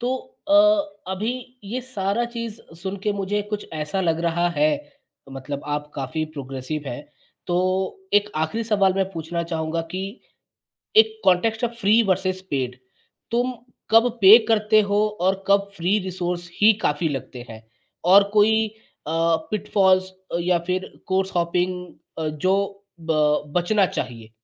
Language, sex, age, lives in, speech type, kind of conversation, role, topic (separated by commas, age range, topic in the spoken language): Hindi, male, 30-34, India, conversation, podcast, host, Kaunse apps ya websites tum self-learning ke liye use karte ho?